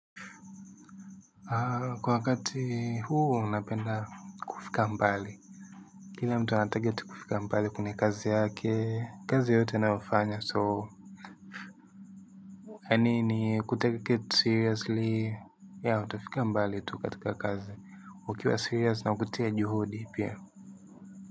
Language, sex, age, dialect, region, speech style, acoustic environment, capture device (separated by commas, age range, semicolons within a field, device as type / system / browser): Swahili, male, 18-24, Tanzania - Dar es Salaam, Tanzania, happy; focused; relaxed, silence, mobile / Linux / Chrome